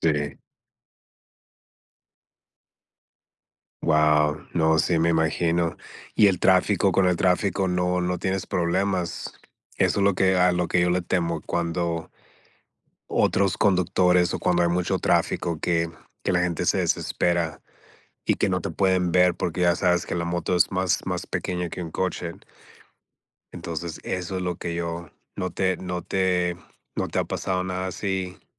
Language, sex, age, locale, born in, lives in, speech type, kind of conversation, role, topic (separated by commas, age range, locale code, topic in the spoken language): Spanish, male, 40-44, es-MX, United States, United States, conversation, unstructured, none, ¿Has descubierto algo inesperado sobre ti gracias a algún pasatiempo?